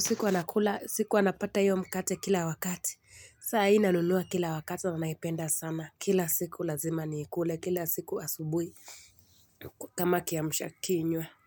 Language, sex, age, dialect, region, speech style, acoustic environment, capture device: Swahili, female, 25-34, Kenya - Mombasa, Kenya, happy, nature; silence, mobile / Linux / Chrome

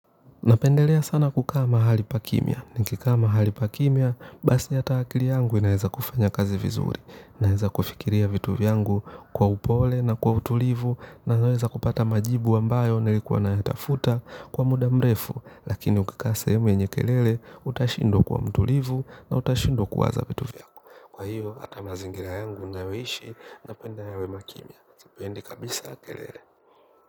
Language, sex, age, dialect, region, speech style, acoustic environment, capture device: Swahili, male, 25-34, Kenya - Nairobi Sheng-influenced, Kenya, relaxed; focused, nature, mobile / Linux / Chrome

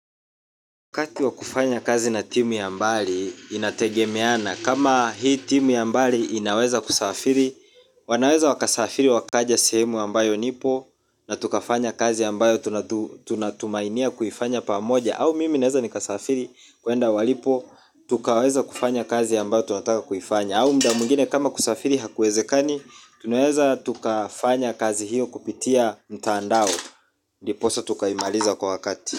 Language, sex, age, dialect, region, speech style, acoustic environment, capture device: Swahili, male, 25-34, Kenya - Mombasa, Kenya, relaxed, people talking, mobile / Linux / Chrome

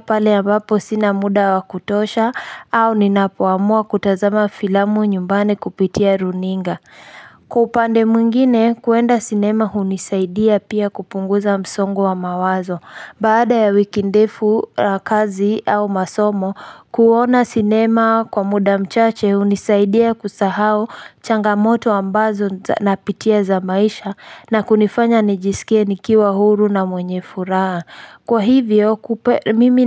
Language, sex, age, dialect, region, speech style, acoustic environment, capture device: Swahili, female, 25-34, Kenya - Nairobi Sheng-influenced, Kenya, excited, nature, mobile / Linux / Chrome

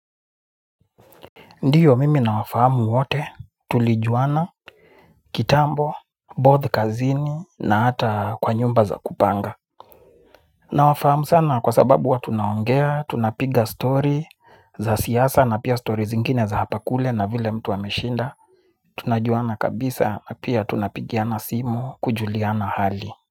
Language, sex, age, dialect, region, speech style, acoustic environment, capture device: Swahili, male, 25-34, Kenya - Nairobi Sheng-influenced, Kenya, anxious, nature, mobile / Linux / Chrome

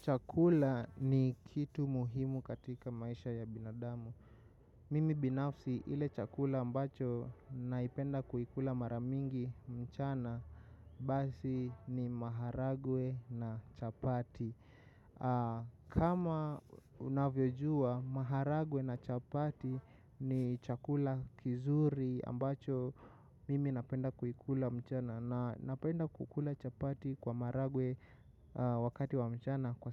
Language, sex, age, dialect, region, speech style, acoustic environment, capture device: Swahili, male, 25-34, Kenya - Nairobi Sheng-influenced, Kenya, excited, nature, mobile / macOS / Safari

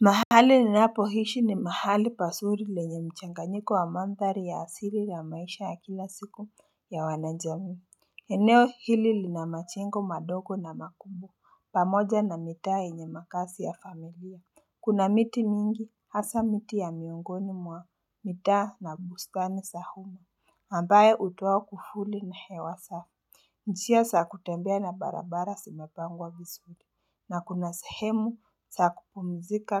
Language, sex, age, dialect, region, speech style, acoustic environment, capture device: Swahili, female, 18-24, Kenya - Nairobi Sheng-influenced, Kenya, focused, people talking, mobile / Linux / Chrome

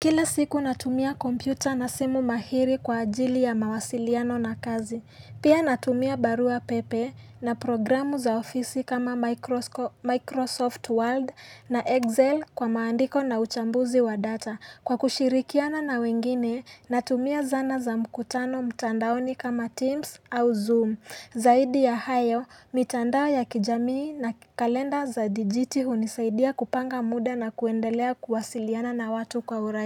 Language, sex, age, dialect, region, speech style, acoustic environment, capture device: Swahili, female, 18-24, Kenya - Nairobi Sheng-influenced, Kenya, relaxed, nature, mobile / Linux / Chrome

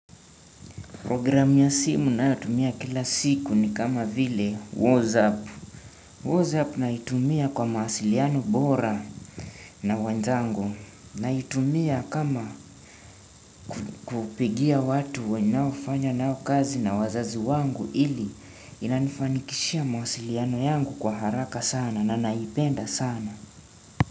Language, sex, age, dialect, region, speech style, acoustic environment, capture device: Swahili, male, 18-24, Kenya - Nairobi Sheng-influenced, Kenya, relaxed, nature, desktop / Windows / Chrome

